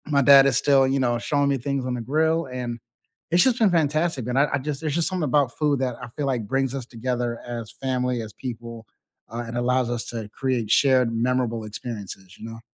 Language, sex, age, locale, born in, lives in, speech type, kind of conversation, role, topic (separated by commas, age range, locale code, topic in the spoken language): English, male, 35-39, en-US, United States, United States, conversation, unstructured, none, Which childhood comfort foods still warm your heart today, and what memories make them special?